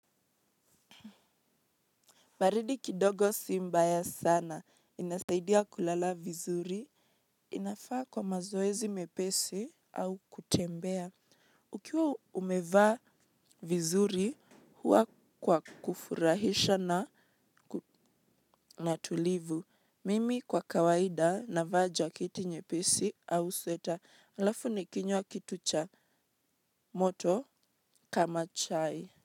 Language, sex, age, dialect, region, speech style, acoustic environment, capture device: Swahili, female, 18-24, Kenya - Mombasa, Kenya, relaxed; focused, nature, mobile / Linux / Chrome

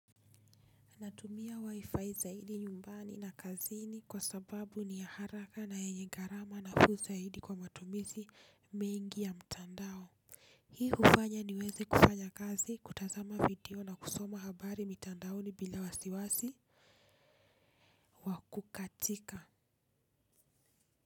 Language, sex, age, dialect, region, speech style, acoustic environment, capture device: Swahili, female, 25-34, Kenya - Nairobi Sheng-influenced, Kenya, happy; relaxed; focused, people talking; traffic; construction, desktop / Linux / Chrome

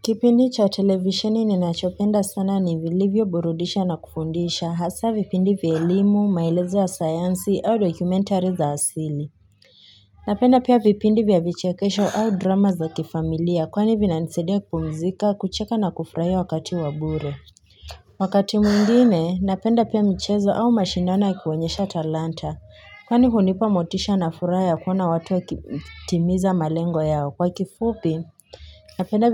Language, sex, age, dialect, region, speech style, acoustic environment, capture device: Swahili, female, 18-24, Kenya - Nairobi Sheng-influenced, Kenya, anxious, silence, mobile / Linux / Chrome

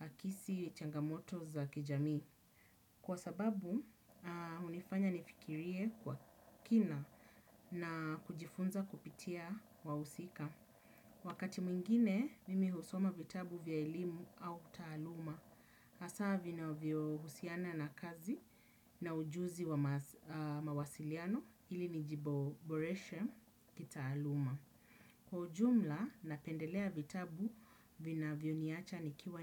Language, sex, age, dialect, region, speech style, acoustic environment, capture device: Swahili, female, 35-44, Kenya - Nairobi Sheng-influenced, Kenya, happy; relaxed; excited; focused, people talking; nature; silence; appliances, desktop / Windows / Chrome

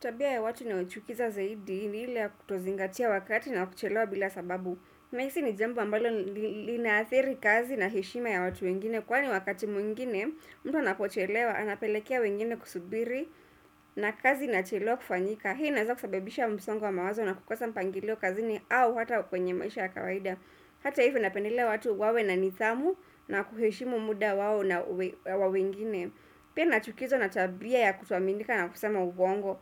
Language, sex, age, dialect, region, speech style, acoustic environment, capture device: Swahili, female, 25-34, Kenya - Nairobi Sheng-influenced, Kenya, relaxed, silence, desktop / Windows / Chrome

